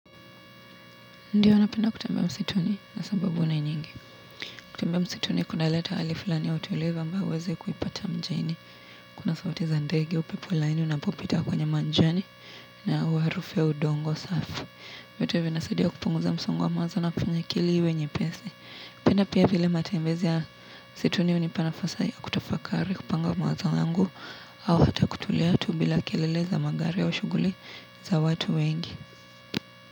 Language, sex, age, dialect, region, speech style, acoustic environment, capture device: Swahili, female, 18-24, Kenya - Nairobi Sheng-influenced, Kenya, relaxed, traffic; appliances; nature; construction, mobile / Linux / Chrome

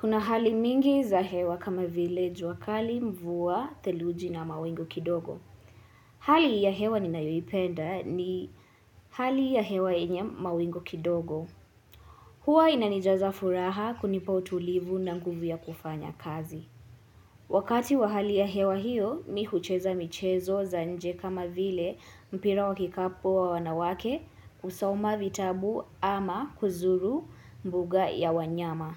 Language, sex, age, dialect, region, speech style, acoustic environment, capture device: Swahili, female, 18-24, Kenya - Nairobi Sheng-influenced, Kenya, relaxed, nature, mobile / Linux / Chrome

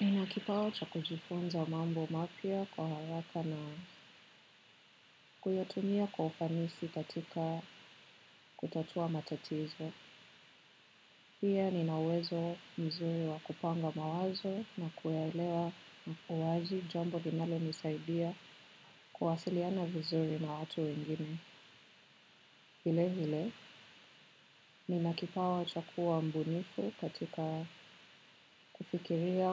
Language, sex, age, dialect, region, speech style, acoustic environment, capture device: Swahili, female, 25-34, Kenya - Nairobi Sheng-influenced, Kenya, relaxed, nature, desktop / macOS / Chrome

